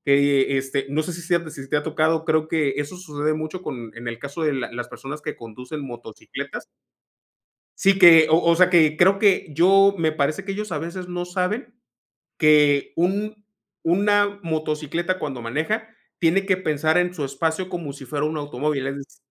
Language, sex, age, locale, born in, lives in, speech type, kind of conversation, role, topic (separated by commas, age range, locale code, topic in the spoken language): Spanish, male, 35-39, es-MX, Mexico, Mexico, conversation, unstructured, none, ¿Crees que es importante que los jóvenes participen en la política?